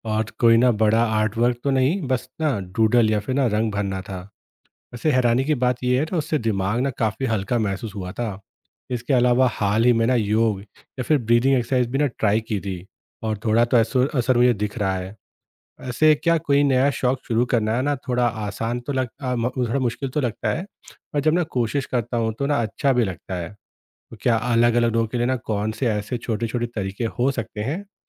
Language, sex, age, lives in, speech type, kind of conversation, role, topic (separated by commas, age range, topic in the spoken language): Hindi, male, 30-34, India, read, expert-assistant, asker, क्या रोज़मर्रा के फैसलों में धार्मिक या आध्यात्मिक तरीके मदद कर सकते हैं?